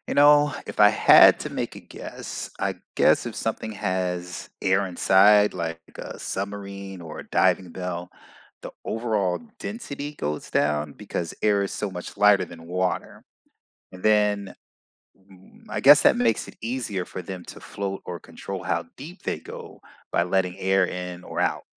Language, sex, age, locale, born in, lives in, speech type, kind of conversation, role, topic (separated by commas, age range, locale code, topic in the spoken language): English, male, 45-49, en-US, United States, United States, read, expert-assistant, asker, What determines whether an object floats or sinks in water?